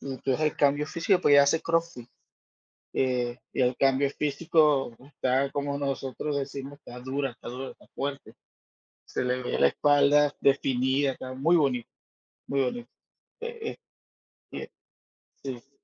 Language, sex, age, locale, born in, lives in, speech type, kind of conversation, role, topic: Spanish, male, 45-49, es-VE, Venezuela, United States, conversation, unstructured, none, ¿Crees que el miedo a enfermar debería motivar a más personas a hacer ejercicio?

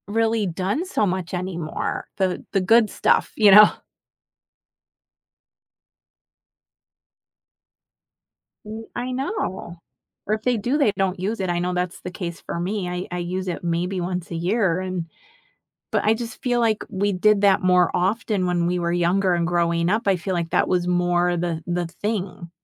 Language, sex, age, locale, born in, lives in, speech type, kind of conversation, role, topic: English, female, 50-54, en-US, United States, United States, conversation, podcast, host, How have childhood food experiences shaped your tastes and memories as an adult?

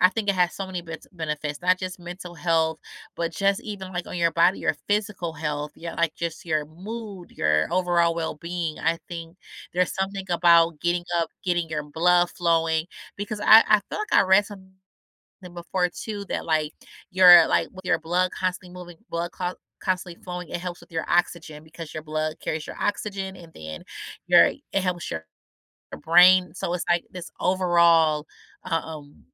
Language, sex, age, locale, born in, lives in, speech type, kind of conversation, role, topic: English, female, 35-39, en-US, United States, United States, conversation, podcast, host, In what ways can regular physical activity improve our emotional well-being?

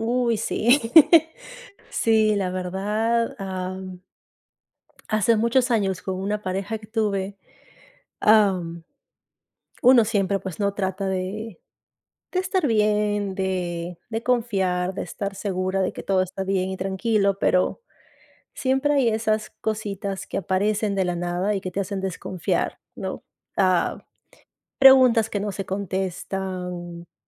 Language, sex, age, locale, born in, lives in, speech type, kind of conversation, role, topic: Spanish, female, 35-39, es-PE, Peru, United States, conversation, unstructured, none, ¿Qué papel juega la confianza en tus relaciones personales?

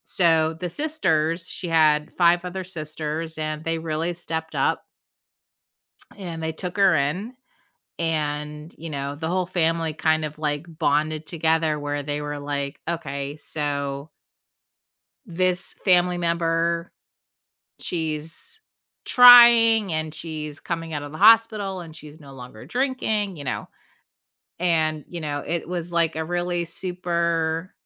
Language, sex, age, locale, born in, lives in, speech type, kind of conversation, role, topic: English, female, 45-49, en-US, United States, United States, conversation, podcast, host, What helps families stay close and supportive through challenges?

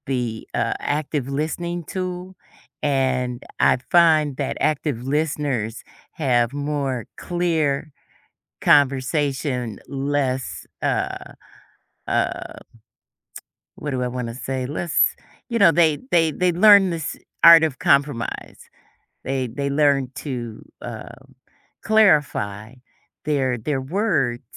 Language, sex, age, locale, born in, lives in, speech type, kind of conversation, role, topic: English, female, 55-59, en-US, United States, United States, conversation, podcast, guest, How can experiencing setbacks help students grow and succeed in the long run?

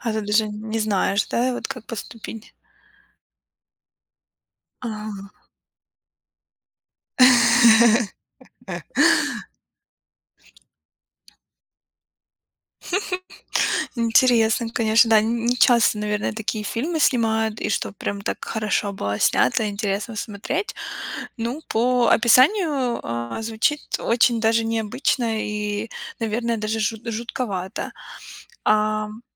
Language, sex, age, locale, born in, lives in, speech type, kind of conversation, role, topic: Russian, female, 25-29, ru-RU, Ukraine, United States, conversation, podcast, host, Какие книги или фильмы повлияли на твой взгляд на жизнь?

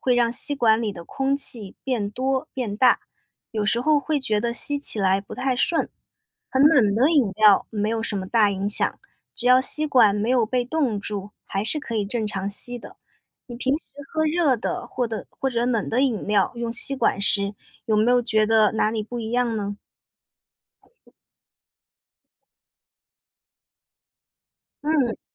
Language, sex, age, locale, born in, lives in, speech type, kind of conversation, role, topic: Chinese, female, 25-29, zh-CN, China, United States, read, expert-assistant, expert, 请解释为什么用吸管可以吸起饮料，并用吸管做实验。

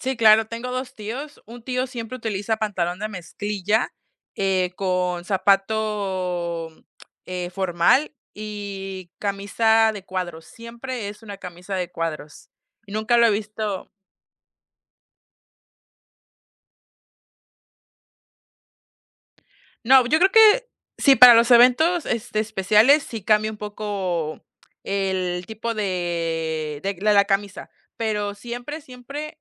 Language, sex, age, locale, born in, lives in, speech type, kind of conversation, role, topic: Spanish, female, 20-24, es-MX, Mexico, Mexico, conversation, podcast, guest, ¿Cómo ha influido tu familia en tu estilo?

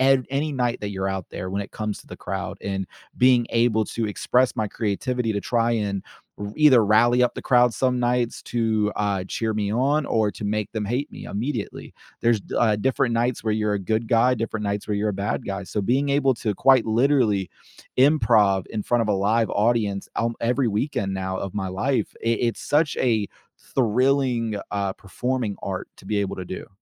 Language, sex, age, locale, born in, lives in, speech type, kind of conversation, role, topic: English, male, 25-29, en-US, United States, United States, conversation, podcast, guest, What is your favorite way to express creativity?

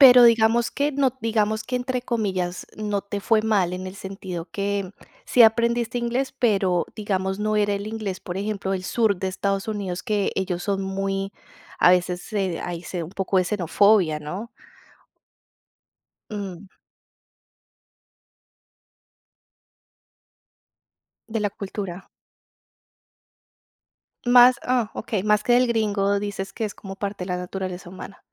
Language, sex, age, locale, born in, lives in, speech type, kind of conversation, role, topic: Spanish, female, 35-39, es-CO, Colombia, Italy, conversation, podcast, host, ¿Cómo fue tu experiencia al mudarte a otro país?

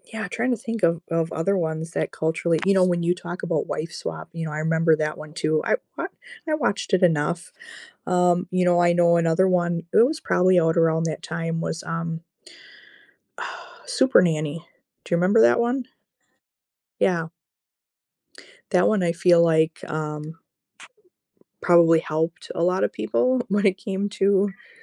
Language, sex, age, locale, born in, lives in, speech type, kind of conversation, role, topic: English, female, 40-44, en-US, United States, United States, conversation, unstructured, none, How do reality TV shows shape our views and conversations about society?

